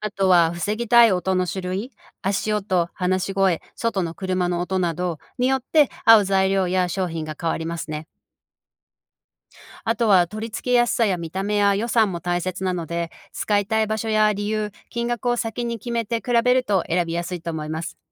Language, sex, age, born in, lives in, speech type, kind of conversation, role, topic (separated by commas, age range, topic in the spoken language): Japanese, female, 45-49, Japan, United States, read, expert-assistant, expert, なぜ音は壁を通り抜けにくいのか説明してください。